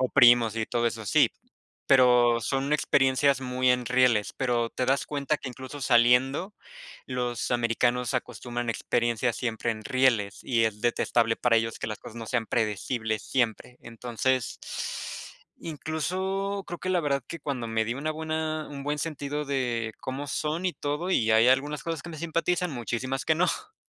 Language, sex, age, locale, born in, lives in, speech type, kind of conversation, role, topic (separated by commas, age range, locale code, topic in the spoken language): Spanish, male, 25-29, es-MX, Mexico, Mexico, conversation, unstructured, none, ¿Qué te gusta aprender sobre la cultura local cuando viajas?